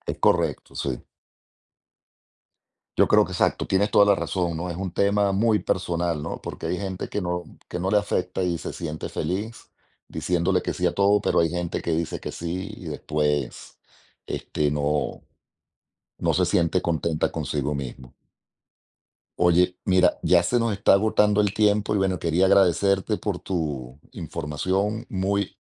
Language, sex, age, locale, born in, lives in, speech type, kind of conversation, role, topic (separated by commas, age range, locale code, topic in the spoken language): Spanish, male, 45-49, es-VE, Venezuela, Spain, conversation, podcast, host, ¿Cómo estableces límites sin sentirte egoísta?